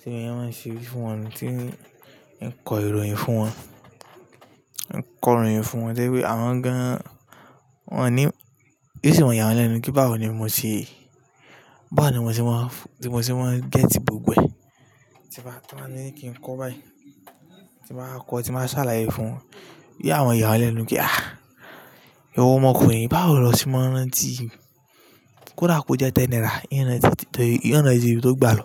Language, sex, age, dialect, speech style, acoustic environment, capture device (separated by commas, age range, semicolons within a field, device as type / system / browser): Yoruba, male, 18-24, Nigeria - Lagos Yoruba, relaxed, appliances; silence, mobile / Linux / Chrome